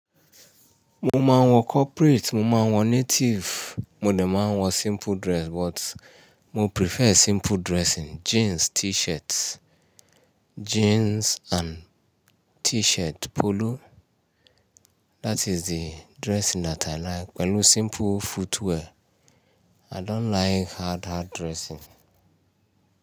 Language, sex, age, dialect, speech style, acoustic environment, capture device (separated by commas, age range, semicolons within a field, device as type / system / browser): Yoruba, male, 35-44, Nigeria - Ibadan, relaxed, nature, mobile / Linux / Chrome